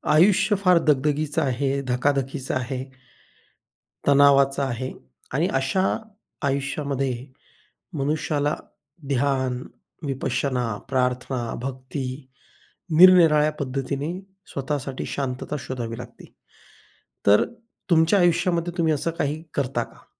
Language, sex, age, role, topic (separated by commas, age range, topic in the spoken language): Marathi, male, 40-44, host, दैनंदिन आयुष्यात ध्यानासाठी वेळ कसा काढता?